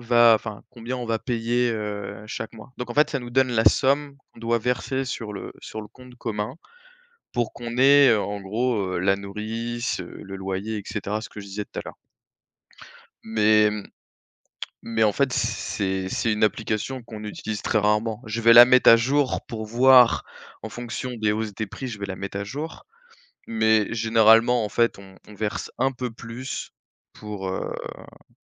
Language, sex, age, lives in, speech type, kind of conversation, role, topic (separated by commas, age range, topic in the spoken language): French, male, 25-29, France, conversation, unstructured, none, Comment gérez-vous votre budget chaque mois ?